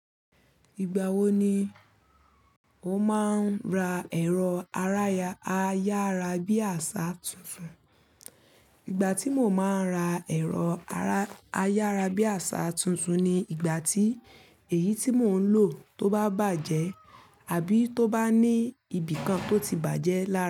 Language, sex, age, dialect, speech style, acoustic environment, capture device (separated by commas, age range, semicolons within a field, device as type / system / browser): Yoruba, female, 25-34, Nigeria - Ibadan, focused, nature, mobile / Linux / Chrome